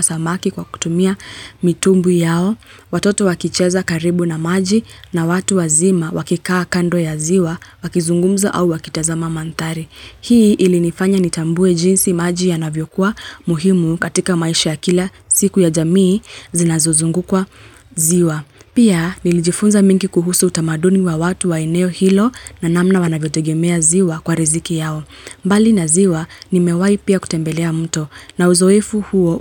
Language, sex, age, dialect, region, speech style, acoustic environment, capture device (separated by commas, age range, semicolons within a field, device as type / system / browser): Swahili, female, 25-34, Kenya - Nairobi Sheng-influenced, Kenya, happy, nature, mobile / Linux / Chrome